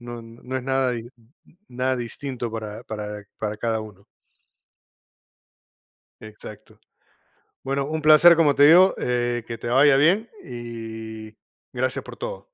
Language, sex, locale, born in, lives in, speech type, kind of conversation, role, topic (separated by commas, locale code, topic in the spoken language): Spanish, male, es-PE, United States, United States, conversation, unstructured, none, ¿Se puede justificar una acción mala si ayuda a alguien cercano?